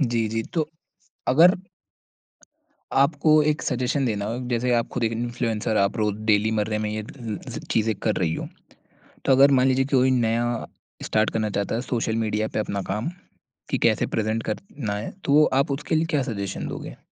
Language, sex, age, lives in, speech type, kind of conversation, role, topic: Hindi, male, 20-24, India, conversation, podcast, host, Social media par tum apna kaam kaise dikhate ho?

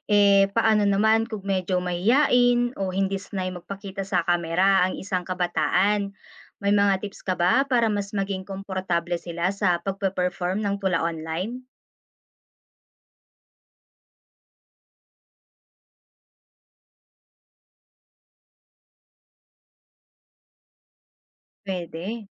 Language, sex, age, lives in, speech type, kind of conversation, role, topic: Filipino, female, 25-29, Philippines, read, expert-assistant, asker, Paano mapapalaganap at mapapansin ng kabataan ang pagtula sa social media?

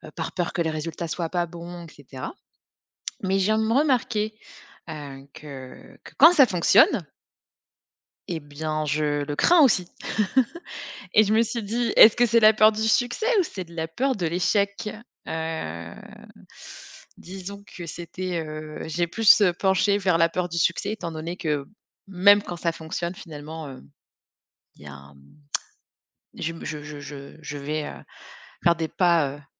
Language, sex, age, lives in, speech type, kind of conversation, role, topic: French, female, 30-34, France, conversation, advice, user, Comment est-ce que je sabote mes progrès par peur de réussir ou d’échouer ?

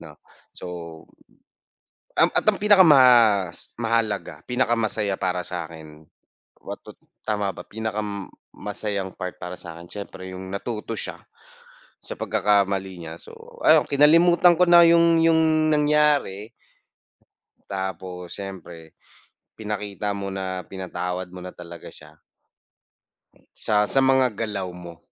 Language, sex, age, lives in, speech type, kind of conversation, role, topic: Filipino, male, 25-29, Philippines, conversation, unstructured, none, Ano ang ginagawa mo para mapasaya ang mga kasama mo sa bahay?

